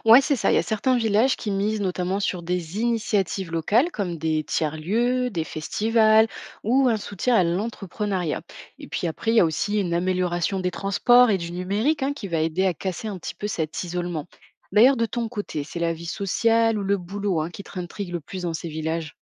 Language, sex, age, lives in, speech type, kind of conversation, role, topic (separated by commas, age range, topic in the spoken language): French, female, 25-29, France, read, expert-assistant, expert, Qu’est-ce qui pousse tant de personnes à quitter la campagne pour s’installer en ville ?